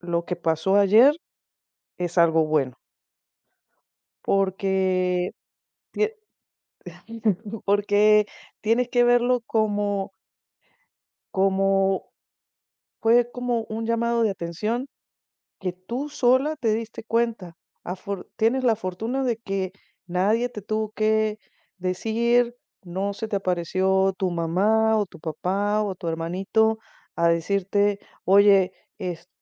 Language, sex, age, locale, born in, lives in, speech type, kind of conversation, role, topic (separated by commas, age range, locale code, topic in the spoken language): Spanish, female, 50-54, es-VE, Venezuela, United States, conversation, advice, advisor, ¿Cómo puedo manejar la culpa por descuidar a mi familia y amigos debido al trabajo en una startup?